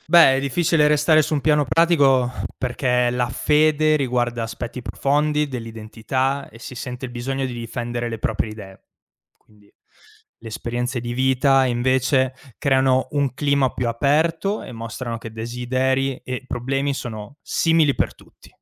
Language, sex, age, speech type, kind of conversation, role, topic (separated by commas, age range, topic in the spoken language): Italian, male, 25-29, read, expert-assistant, expert, Il dialogo tra religioni diverse può aiutarci a comprenderci meglio?